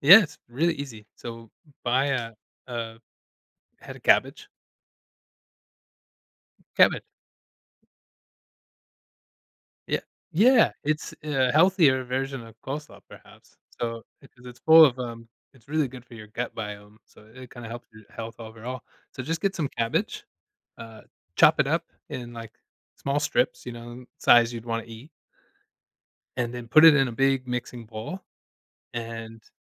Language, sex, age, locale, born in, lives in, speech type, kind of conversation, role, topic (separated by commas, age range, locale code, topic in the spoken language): English, male, 35-39, en-US, United States, United States, conversation, advice, advisor, How can I stick to my personal growth goals and make consistent progress?